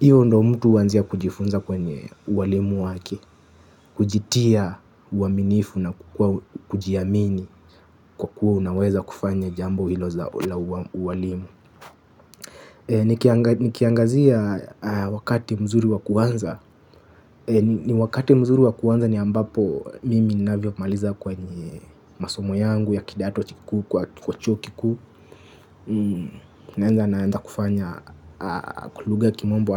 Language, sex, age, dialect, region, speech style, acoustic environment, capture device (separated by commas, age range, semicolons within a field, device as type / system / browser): Swahili, male, 25-34, Kenya - Mombasa, Kenya, happy, nature, mobile / Linux / Chrome